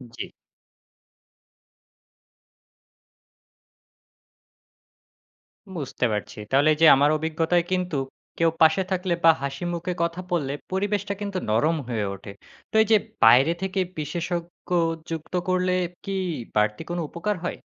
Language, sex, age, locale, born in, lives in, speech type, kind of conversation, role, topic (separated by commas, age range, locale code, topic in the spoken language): Bengali, male, 20-24, bn-BD, Bangladesh, Bangladesh, read, expert-assistant, asker, ধরা যাক, আপনার পরিবারে কেউ হঠাৎ ধর্ম বদলানোর সিদ্ধান্ত নিল—আপনি কীভাবে তা গ্রহণ করতেন বা আলোচনাটা কীভাবে চালাতেন?